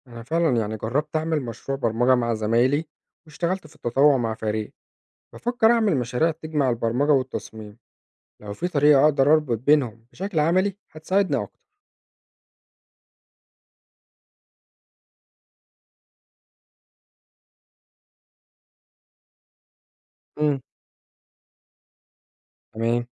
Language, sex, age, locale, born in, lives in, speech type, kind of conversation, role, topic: Arabic, male, 25-29, ar-EG, Egypt, Egypt, read, expert-assistant, asker, إزاي التغيرات في سياسات التعليم بتأثر على فرص الشغل و تطوير الكارير بتاعي؟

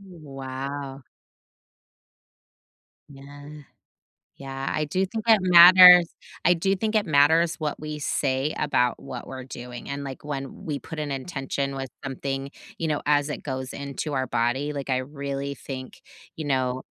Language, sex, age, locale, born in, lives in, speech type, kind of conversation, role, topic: English, female, 45-49, en-US, United States, United States, conversation, unstructured, none, What’s a habit you have that surprises people when they learn about it?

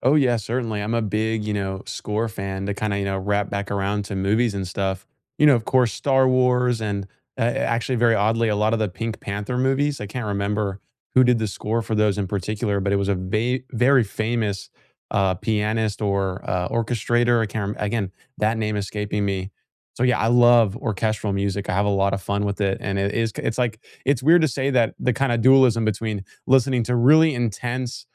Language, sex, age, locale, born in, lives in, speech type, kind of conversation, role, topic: English, male, 20-24, en-US, United States, United States, conversation, unstructured, none, Which movie, TV, or video game soundtracks and scores have stayed with you for years, and what memories do they bring back?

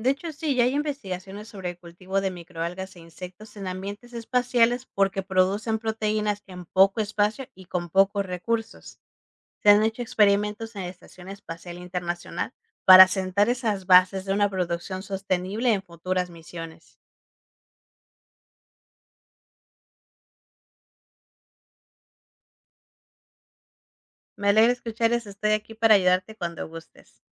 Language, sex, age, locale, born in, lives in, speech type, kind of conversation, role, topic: Spanish, female, 30-34, es-MX, Mexico, Mexico, read, expert-assistant, expert, ¿Podríamos vivir en Marte como en la Tierra algún día?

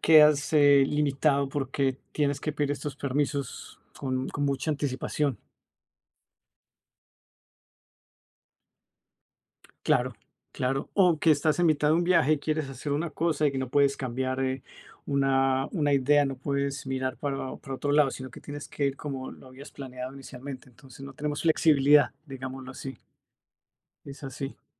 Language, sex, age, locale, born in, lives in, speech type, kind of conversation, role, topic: Spanish, male, 45-49, es-CO, Colombia, Italy, conversation, unstructured, none, ¿Qué ha sido lo más frustrante que te ha pasado al viajar?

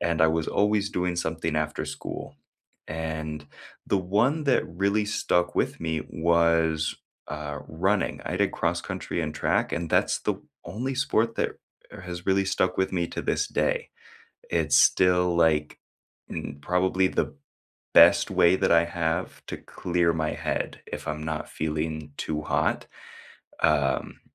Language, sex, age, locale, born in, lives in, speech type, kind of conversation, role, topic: English, male, 25-29, en-US, United States, United States, conversation, unstructured, none, Which extracurricular activities shaped you more than any class, and how did they change you?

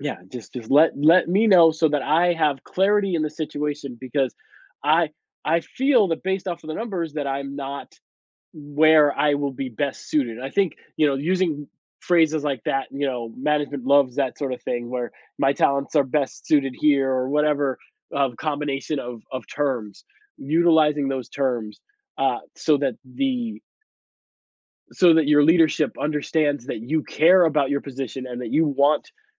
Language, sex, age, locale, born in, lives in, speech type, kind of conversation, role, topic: English, male, 40-44, en-US, United States, United States, conversation, advice, advisor, How can I get my coworkers and managers to recognize my contributions at work?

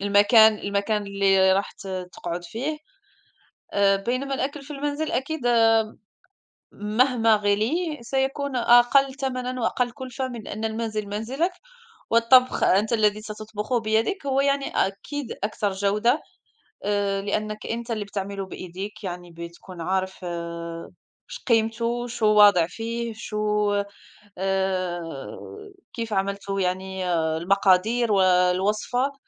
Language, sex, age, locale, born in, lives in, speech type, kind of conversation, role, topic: Arabic, female, 35-39, ar-MA, Morocco, France, conversation, unstructured, none, إنت بتفضل تاكل في البيت ولا في المطاعم، وليه؟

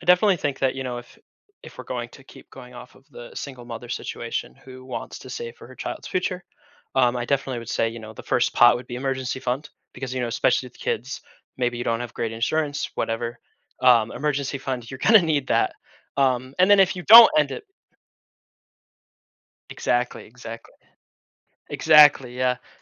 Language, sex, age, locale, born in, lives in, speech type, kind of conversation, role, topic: English, male, 20-24, en-US, United States, United States, conversation, podcast, guest, What are some practical ways for beginners to start investing confidently?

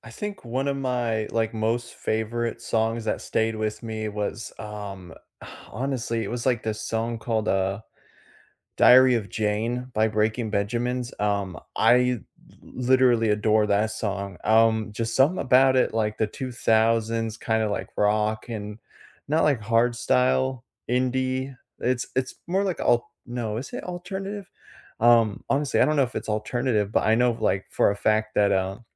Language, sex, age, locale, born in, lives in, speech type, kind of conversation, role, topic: English, male, 25-29, en-US, United States, United States, conversation, unstructured, none, Which book, movie, or song has stayed with you, and why does it still matter to you?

